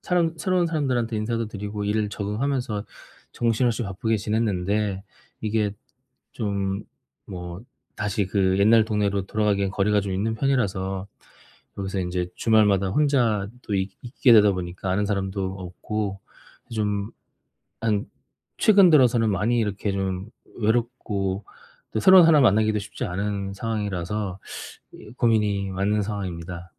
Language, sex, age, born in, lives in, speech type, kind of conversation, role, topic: Korean, male, 40-44, South Korea, South Korea, conversation, advice, user, 낯선 환경에서 느끼는 외로움과 그리움을 어떻게 건강하게 다루면 좋을까요?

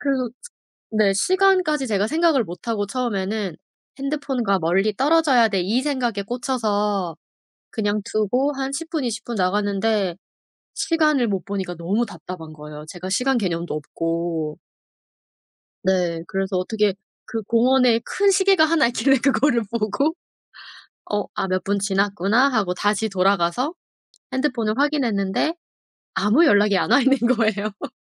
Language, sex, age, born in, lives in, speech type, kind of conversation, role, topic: Korean, female, 35-39, South Korea, South Korea, conversation, podcast, guest, 스마트폰을 보지 않고 자연을 더 잘 즐길 수 있는 방법은 무엇인가요?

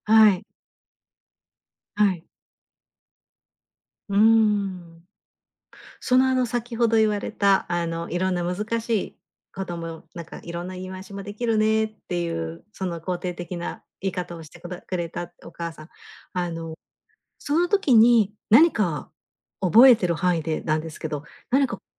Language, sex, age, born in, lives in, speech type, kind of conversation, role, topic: Japanese, female, 50-54, Japan, Japan, conversation, podcast, host, 自分の美学を変えたきっかけは何ですか？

